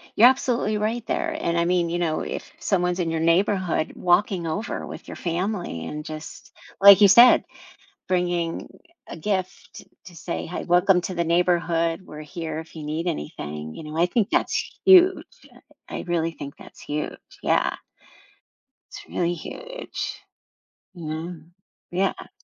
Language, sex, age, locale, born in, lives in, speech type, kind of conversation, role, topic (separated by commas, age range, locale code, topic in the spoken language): English, female, 55-59, en-US, United States, United States, conversation, podcast, guest, How can communities create an environment where newcomers feel included and valued?